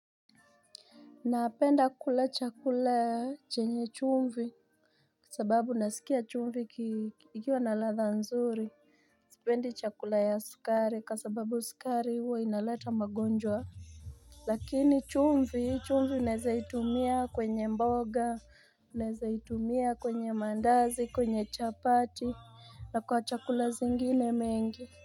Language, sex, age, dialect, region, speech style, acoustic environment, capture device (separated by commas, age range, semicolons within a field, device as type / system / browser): Swahili, female, 25-34, Kenya - Mombasa, Kenya, happy, music, mobile / Linux / Chrome